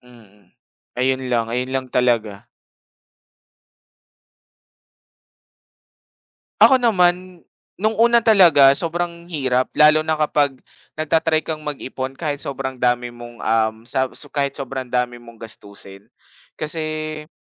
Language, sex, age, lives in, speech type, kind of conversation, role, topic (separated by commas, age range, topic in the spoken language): Filipino, male, 25-29, Philippines, conversation, unstructured, none, Paano ka nagsimula sa pag-iipon ng pera?